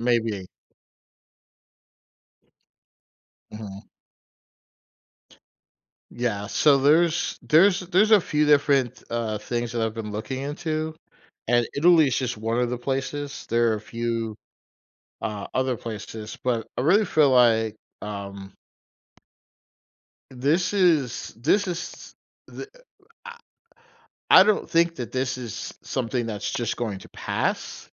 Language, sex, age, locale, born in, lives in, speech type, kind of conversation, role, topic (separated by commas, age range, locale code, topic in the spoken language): English, male, 45-49, en-US, United States, United States, conversation, advice, user, How can I make a big life decision alone?